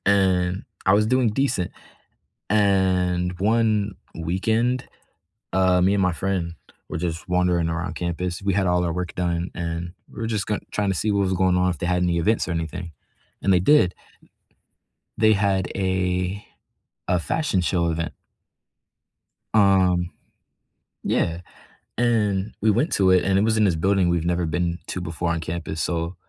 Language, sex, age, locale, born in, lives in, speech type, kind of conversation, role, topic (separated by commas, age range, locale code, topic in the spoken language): English, male, 18-19, en-US, United States, United States, conversation, unstructured, none, What is a story from your past that you love telling?